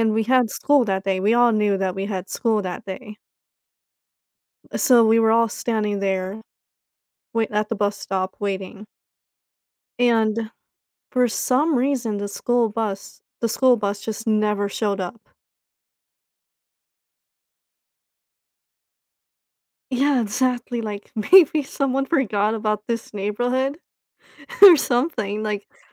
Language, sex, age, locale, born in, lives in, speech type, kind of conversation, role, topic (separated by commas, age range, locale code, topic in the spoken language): English, female, 25-29, en-US, United States, United States, conversation, advice, user, How can I cope with missing my old friends and make new connections after moving?